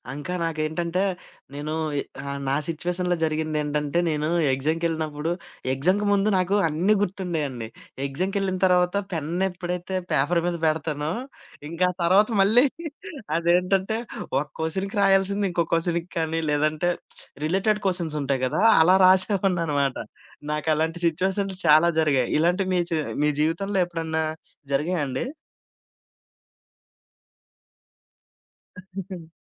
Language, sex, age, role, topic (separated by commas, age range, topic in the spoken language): Telugu, male, 25-29, host, నేర్చినది మరిచిపోకుండా గుర్తుంచుకోవడానికి మీరు ఏ పద్ధతులు ఉపయోగిస్తారు?